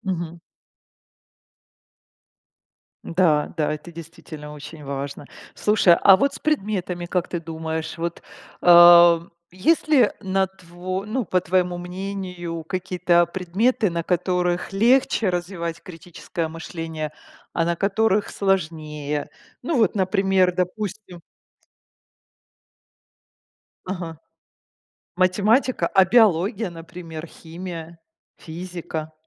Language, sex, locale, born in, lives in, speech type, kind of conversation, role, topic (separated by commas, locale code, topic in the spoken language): Russian, female, ru-RU, Ukraine, Italy, conversation, podcast, host, Как преподавать критическое мышление в школе?